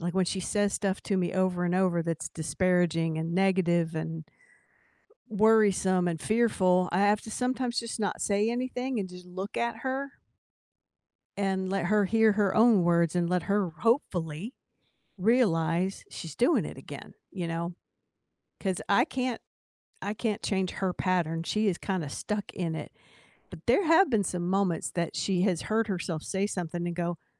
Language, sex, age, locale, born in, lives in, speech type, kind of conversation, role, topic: English, female, 60-64, en-US, United States, United States, conversation, unstructured, none, How do you handle recurring family drama?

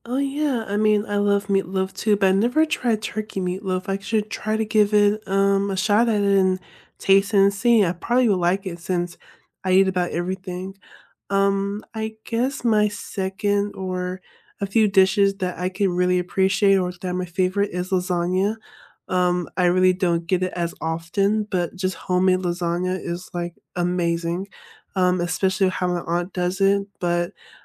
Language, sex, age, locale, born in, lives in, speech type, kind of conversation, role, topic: English, female, 25-29, en-US, United States, United States, conversation, unstructured, none, What is your favorite comfort food, and what is the story behind it?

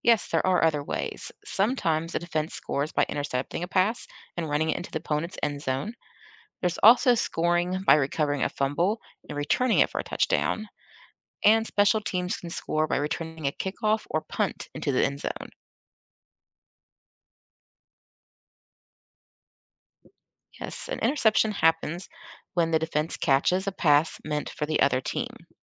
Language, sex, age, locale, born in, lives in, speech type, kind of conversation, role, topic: English, female, 40-44, en-US, United States, United States, read, expert-assistant, expert, Explain how a touchdown is scored in American football.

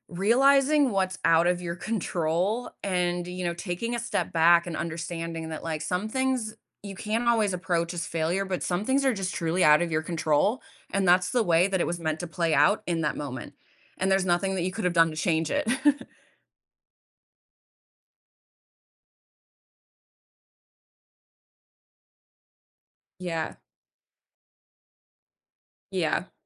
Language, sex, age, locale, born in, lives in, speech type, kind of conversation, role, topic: English, female, 30-34, en-US, United States, United States, conversation, podcast, host, How can setbacks help us grow and achieve our goals?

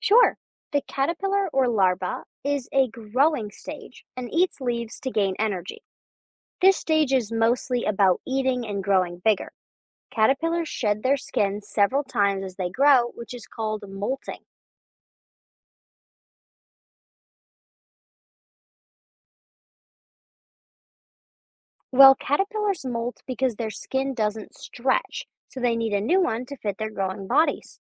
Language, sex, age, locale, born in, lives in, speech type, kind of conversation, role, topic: English, female, 20-24, en-US, United States, United States, read, expert-assistant, expert, What are the different stages of butterfly development?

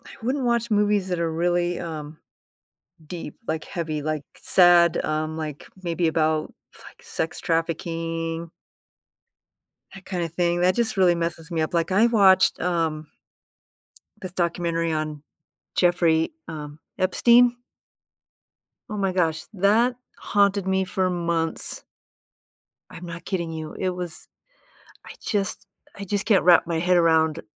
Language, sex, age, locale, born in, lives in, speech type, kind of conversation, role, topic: English, female, 55-59, en-US, United States, United States, conversation, unstructured, none, How do movies help boost your mood when you're feeling down?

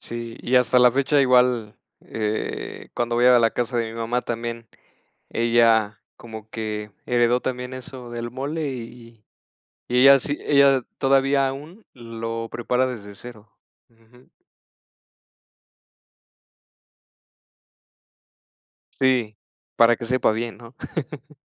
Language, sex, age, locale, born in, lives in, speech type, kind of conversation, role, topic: Spanish, male, 30-34, es-MX, Mexico, Mexico, conversation, unstructured, none, ¿Cuál es tu plato típico favorito y por qué?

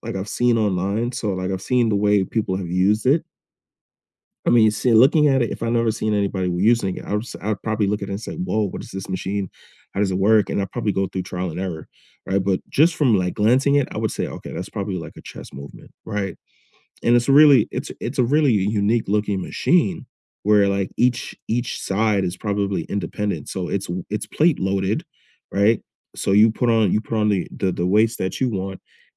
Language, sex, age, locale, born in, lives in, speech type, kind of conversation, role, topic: English, male, 25-29, en-US, United States, United States, conversation, unstructured, none, Why do some gyms feel unwelcoming to beginners?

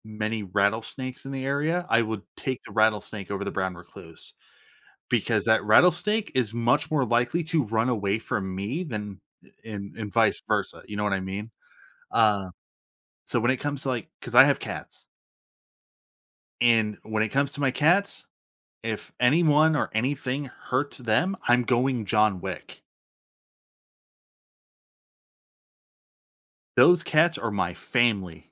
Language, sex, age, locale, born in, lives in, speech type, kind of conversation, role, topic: English, male, 30-34, en-US, United States, United States, conversation, podcast, guest, How can travel shape who we become and the way we see the world?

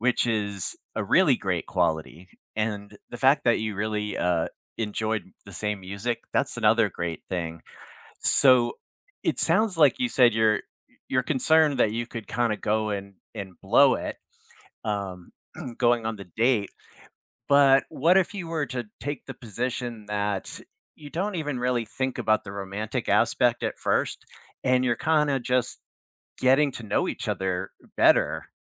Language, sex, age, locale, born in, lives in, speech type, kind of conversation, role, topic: English, male, 60-64, en-US, United States, United States, conversation, advice, advisor, How do I prepare and feel confident for my first date after a long break?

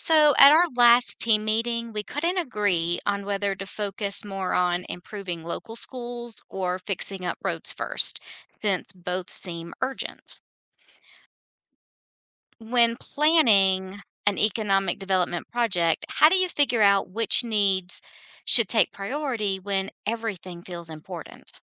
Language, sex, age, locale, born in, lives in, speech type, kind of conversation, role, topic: English, female, 50-54, en-US, United States, United States, read, expert-assistant, asker, How should needs be prioritized in economic development projects?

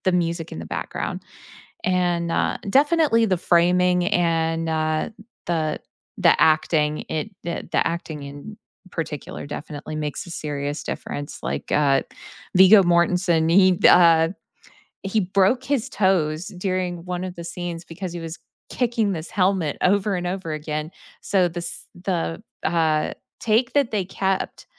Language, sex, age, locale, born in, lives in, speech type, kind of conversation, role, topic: English, female, 35-39, en-US, United States, United States, conversation, podcast, guest, Why do certain movies leave a lasting impact on us?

